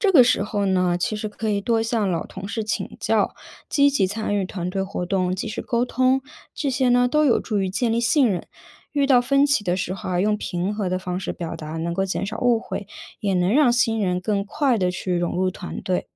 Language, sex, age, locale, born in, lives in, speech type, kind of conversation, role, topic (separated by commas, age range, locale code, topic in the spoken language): Chinese, female, 30-34, zh-CN, China, United States, read, expert-assistant, expert, 为什么有些人觉得公务员的工作很轻松，而有些人却说压力很大？实际情况到底如何？